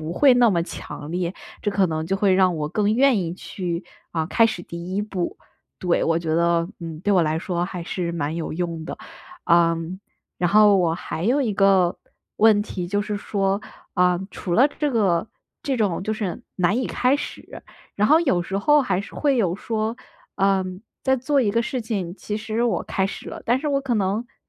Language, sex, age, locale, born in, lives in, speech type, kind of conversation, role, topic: Chinese, female, 25-29, zh-CN, China, United States, conversation, advice, user, 如何才能真正摆脱持续拖延的习惯？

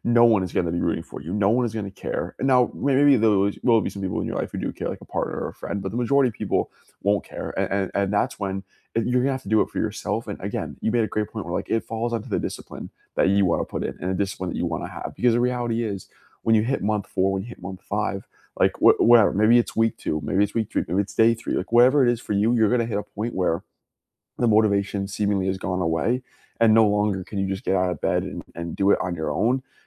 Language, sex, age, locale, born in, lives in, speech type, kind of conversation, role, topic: English, male, 20-24, en-US, United States, United States, conversation, unstructured, none, How do you usually stay motivated toward your goals?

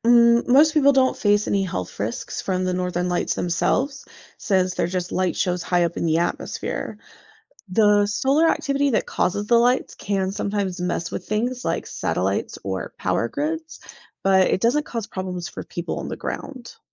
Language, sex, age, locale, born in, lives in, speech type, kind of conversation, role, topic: English, female, 30-34, en-US, United States, United States, read, expert-assistant, expert, What causes the Northern Lights?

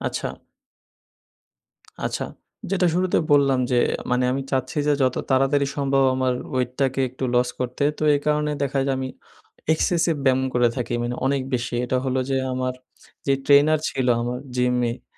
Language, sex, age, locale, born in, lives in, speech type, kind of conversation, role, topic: Bengali, male, 20-24, bn-BD, Bangladesh, Bangladesh, conversation, advice, user, তীব্র ব্যায়ামের পরে পর্যাপ্ত ঘুম না হলে শরীর ঠিকভাবে সেরে উঠতে না পারা কেন হয়?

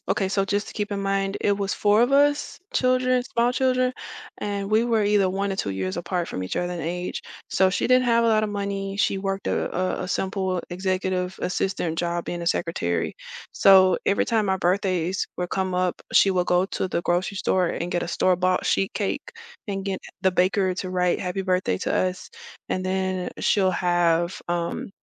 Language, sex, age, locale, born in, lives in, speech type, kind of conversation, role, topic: English, female, 35-39, en-US, United States, United States, conversation, podcast, guest, How do childhood memories shape who we become as adults?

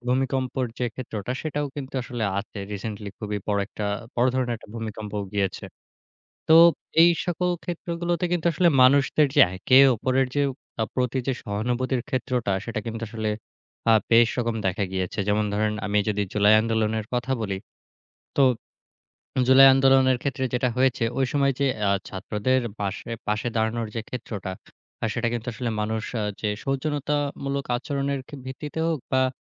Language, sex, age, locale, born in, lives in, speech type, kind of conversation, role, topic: Bengali, male, 25-29, bn-BD, Bangladesh, Bangladesh, conversation, podcast, guest, সংকটের সময় মানুষ কীভাবে একে-অপরের পাশে দাঁড়ায়—এ নিয়ে আপনার পর্যবেক্ষণ কী?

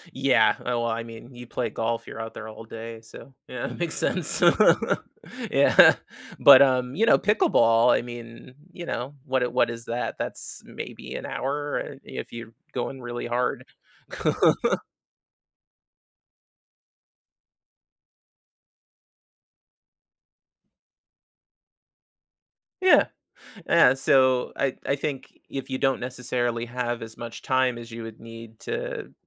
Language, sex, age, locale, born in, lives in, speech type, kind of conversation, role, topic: English, male, 35-39, en-US, United States, United States, conversation, advice, advisor, How can I spend my free time more meaningfully?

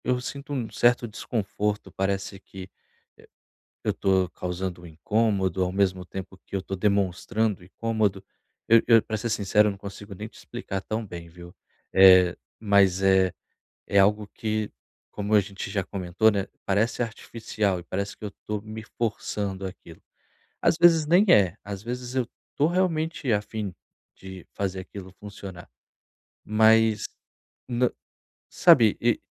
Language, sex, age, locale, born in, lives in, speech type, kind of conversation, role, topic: Portuguese, male, 30-34, pt-BR, Brazil, Portugal, conversation, advice, user, Como posso manter contato com minha família e amigos à distância?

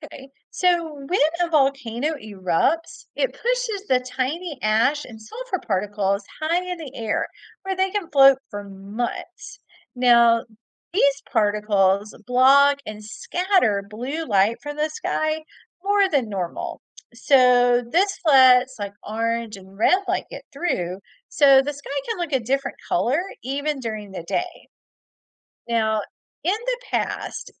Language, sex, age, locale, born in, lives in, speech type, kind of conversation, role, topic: English, female, 45-49, en-US, United States, United States, read, expert-assistant, expert, Why does the sky appear blue during the day?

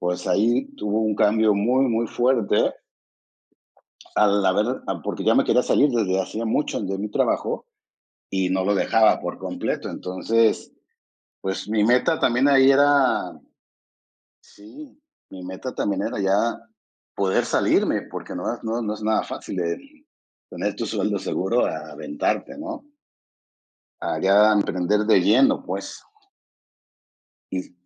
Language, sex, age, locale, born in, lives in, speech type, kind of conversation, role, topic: Spanish, male, 55-59, es-MX, Mexico, Mexico, conversation, unstructured, none, ¿Cómo te sientes cuando alcanzas un objetivo en el trabajo?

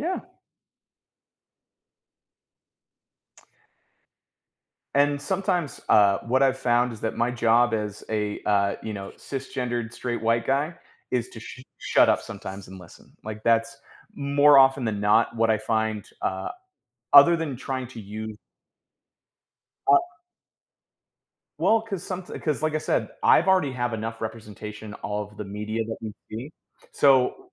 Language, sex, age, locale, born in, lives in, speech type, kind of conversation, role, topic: English, male, 35-39, en-US, United States, United States, conversation, podcast, guest, How does greater diversity in entertainment shape the stories we see and the way we relate to them?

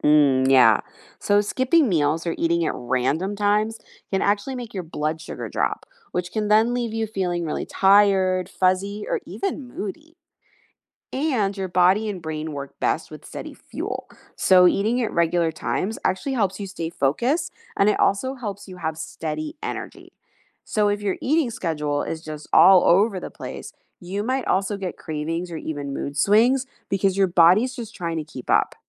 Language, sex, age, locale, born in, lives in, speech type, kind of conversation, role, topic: English, female, 40-44, en-US, United States, United States, read, expert-assistant, expert, How does the food we eat affect our energy and mood?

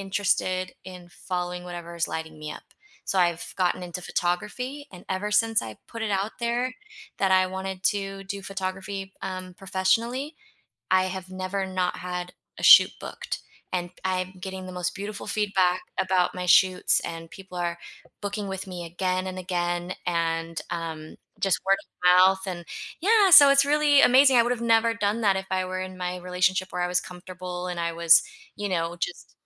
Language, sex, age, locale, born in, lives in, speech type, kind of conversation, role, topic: English, female, 40-44, en-US, United States, United States, conversation, unstructured, none, How can I share one thing I'm proud of about myself?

